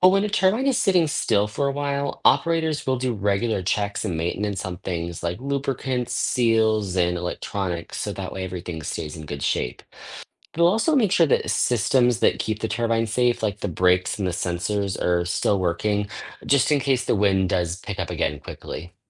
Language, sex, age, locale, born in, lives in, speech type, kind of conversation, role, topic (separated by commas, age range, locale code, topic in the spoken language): English, male, 30-34, en-US, United States, United States, read, expert-assistant, expert, How do wind turbines generate electricity from moving air?